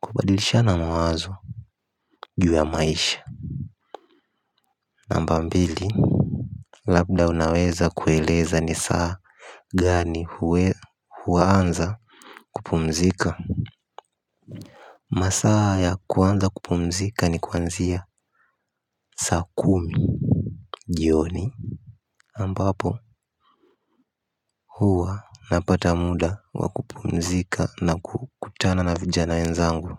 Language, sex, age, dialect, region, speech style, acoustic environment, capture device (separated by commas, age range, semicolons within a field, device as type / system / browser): Swahili, male, 18-24, Tanzania - Dar es Salaam, Tanzania, happy, silence, mobile / Linux / Chrome